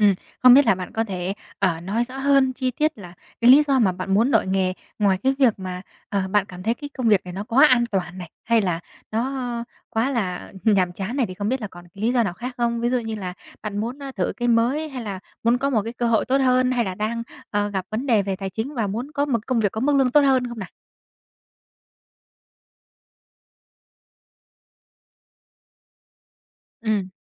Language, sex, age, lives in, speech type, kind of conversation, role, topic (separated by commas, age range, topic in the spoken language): Vietnamese, female, 20-24, Vietnam, conversation, advice, advisor, Tôi nên quyết định đổi nghề như thế nào sau nhiều năm làm công việc hiện tại?